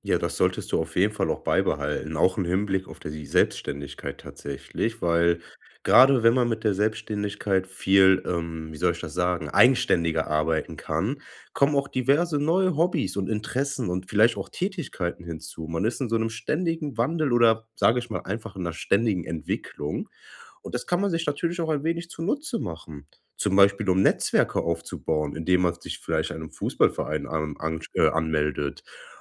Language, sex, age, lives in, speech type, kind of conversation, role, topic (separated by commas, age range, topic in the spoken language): German, male, 25-29, Germany, conversation, advice, advisor, Wie beschreibst du deinen Wechsel in eine neue Arbeitsrolle mit großer Verantwortung?